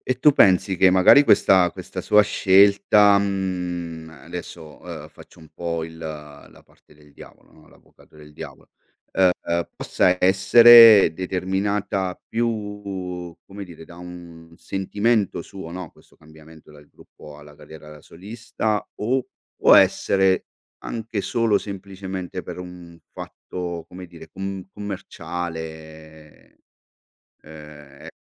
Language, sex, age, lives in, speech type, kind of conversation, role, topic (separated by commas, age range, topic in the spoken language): Italian, male, 45-49, Italy, conversation, podcast, host, Quale artista mi consiglieresti di ascoltare subito?